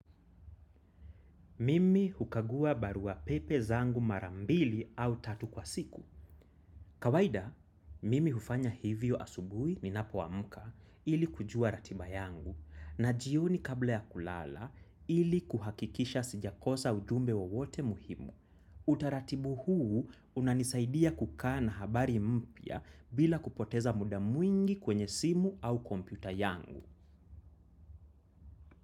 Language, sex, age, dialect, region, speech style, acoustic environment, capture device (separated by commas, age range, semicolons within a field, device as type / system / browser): Swahili, male, 25-34, Kenya - Nairobi Sheng-influenced, Kenya, happy; anxious; excited; focused, silence; music, desktop / macOS / Chrome